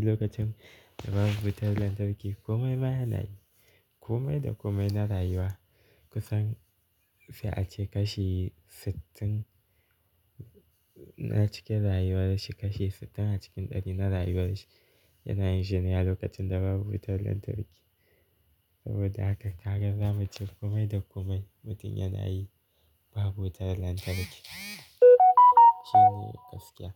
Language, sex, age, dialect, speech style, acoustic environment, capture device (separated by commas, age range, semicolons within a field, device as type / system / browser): Hausa, male, 18-24, Nigeria - Kano, relaxed, nature, mobile / Linux / Chrome